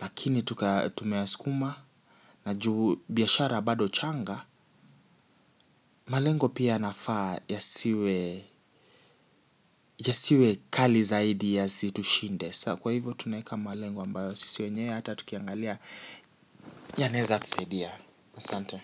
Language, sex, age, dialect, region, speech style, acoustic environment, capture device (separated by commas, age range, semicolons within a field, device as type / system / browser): Swahili, male, 18-24, Kenya - Nairobi Sheng-influenced, Kenya, anxious, people talking, mobile / Linux / Chrome